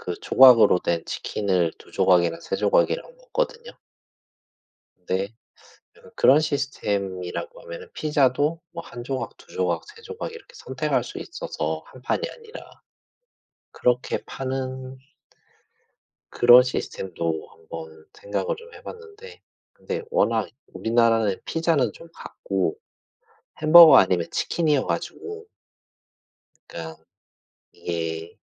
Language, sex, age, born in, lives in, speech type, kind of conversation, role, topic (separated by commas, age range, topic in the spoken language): Korean, male, 40-44, South Korea, Japan, conversation, unstructured, none, 피자와 햄버거 중 어떤 음식을 더 좋아하시나요?